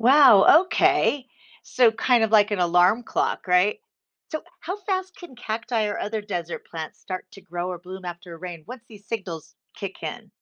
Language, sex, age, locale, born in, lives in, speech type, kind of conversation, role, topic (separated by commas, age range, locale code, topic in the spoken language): English, female, 55-59, en-US, United States, United States, read, expert-assistant, asker, How do cacti survive in the desert?